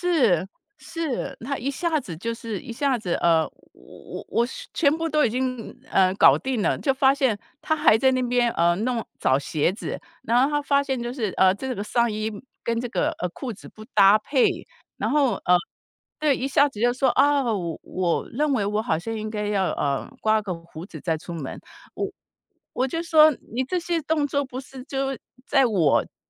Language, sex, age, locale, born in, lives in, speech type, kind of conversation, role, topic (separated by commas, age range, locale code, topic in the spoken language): Chinese, female, 55-59, zh-TW, Taiwan, United States, conversation, podcast, guest, 有哪些方法可以避免拖延症？